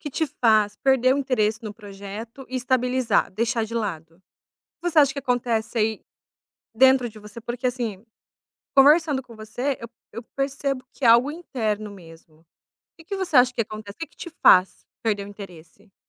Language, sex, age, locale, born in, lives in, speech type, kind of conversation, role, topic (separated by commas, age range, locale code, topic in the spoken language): Portuguese, female, 30-34, pt-BR, Brazil, Italy, conversation, advice, advisor, Como posso parar de começar tantos projetos e conseguir concluir o que inicio?